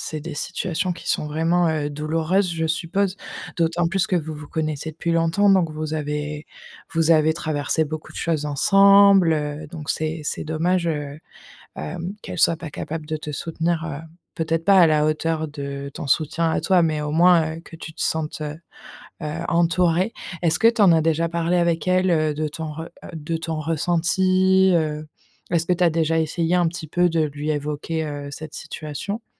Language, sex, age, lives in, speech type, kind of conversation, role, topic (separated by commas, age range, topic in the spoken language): French, female, 25-29, France, conversation, advice, advisor, Comment gérer un ami qui ne te rend jamais la pareille ?